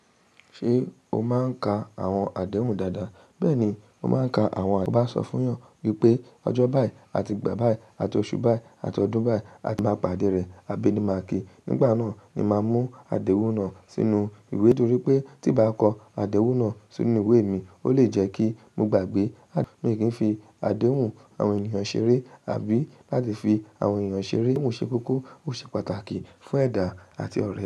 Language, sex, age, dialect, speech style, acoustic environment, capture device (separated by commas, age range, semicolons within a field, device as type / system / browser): Yoruba, female, 18-24, Nigeria - Lagos Yoruba, relaxed; happy, silence; nature, mobile / macOS / Safari